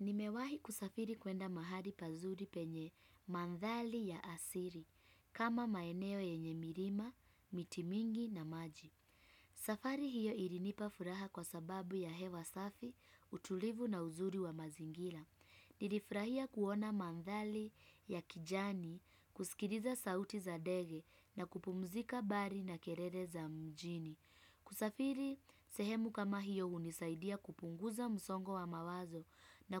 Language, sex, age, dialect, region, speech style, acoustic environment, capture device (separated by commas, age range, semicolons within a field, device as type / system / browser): Swahili, female, 25-34, Kenya - Nairobi Sheng-influenced, Kenya, happy, people talking, desktop / Windows / Chrome